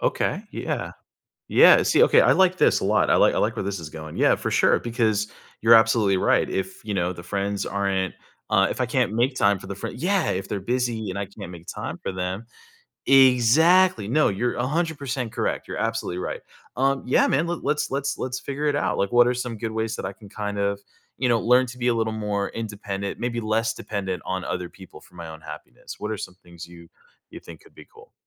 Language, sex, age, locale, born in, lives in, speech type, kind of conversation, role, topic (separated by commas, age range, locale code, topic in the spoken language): English, male, 30-34, en-US, United States, United States, conversation, advice, user, How can I enjoy everyday moments more?